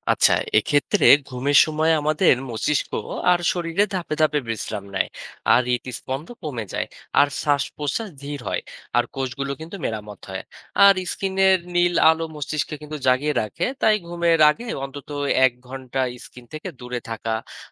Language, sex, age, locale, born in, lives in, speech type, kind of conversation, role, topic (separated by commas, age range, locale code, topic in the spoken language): Bengali, male, 30-34, bn-BD, Bangladesh, Bangladesh, read, expert-assistant, expert, ঘুমের সময়ে শরীর ঠিক কীভাবে বিশ্রাম পায়, আর ভালো ঘুমের জন্য দৈনন্দিন অভ্যাসে কী কী বদল আনা যেতে পারে?